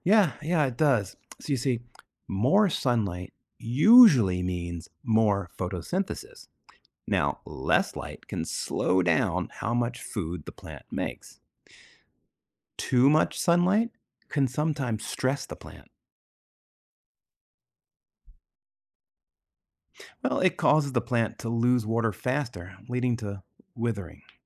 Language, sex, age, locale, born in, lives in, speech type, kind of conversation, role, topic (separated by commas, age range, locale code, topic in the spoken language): English, male, 50-54, en-US, United States, United States, read, expert-assistant, expert, What is the process of photosynthesis in plants?